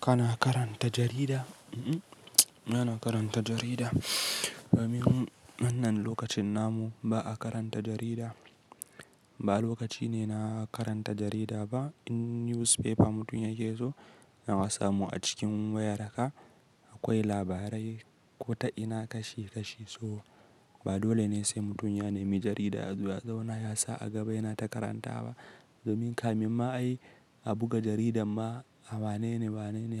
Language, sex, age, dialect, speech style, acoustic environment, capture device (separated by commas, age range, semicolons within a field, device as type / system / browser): Hausa, male, 18-24, Nigeria - Kano, happy, silence, mobile / Linux / Chrome